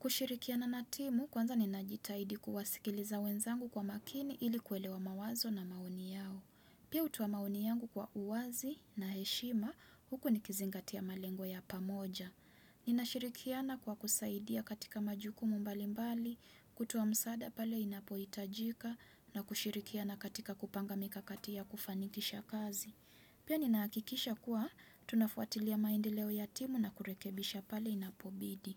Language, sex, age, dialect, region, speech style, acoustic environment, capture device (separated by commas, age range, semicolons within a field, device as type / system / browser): Swahili, female, 25-34, Kenya - Mombasa, Kenya, focused, nature; silence, desktop / Windows / Chrome